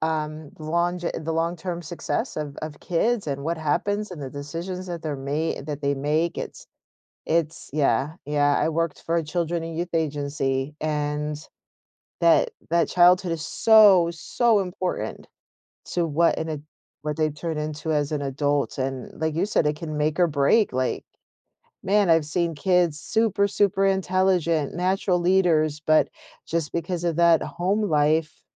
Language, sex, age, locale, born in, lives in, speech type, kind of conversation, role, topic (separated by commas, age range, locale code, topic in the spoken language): English, female, 45-49, en-US, United States, United States, conversation, podcast, host, What lessons from your past would you want others to learn from your experiences?